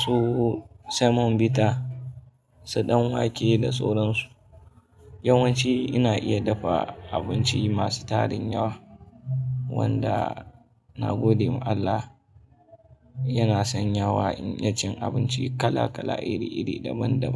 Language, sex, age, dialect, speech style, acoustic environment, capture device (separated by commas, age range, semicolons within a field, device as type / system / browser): Hausa, male, 25-34, Nigeria - Kano, happy, traffic, mobile / Linux / Chrome